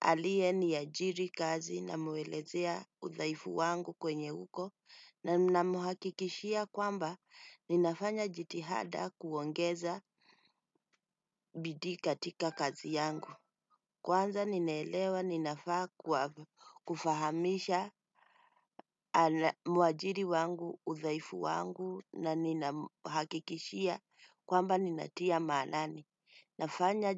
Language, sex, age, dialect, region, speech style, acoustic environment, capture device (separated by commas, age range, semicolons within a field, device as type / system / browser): Swahili, female, 35-44, Kenya - Nairobi Sheng-influenced, Kenya, relaxed, nature, desktop / Windows / Chrome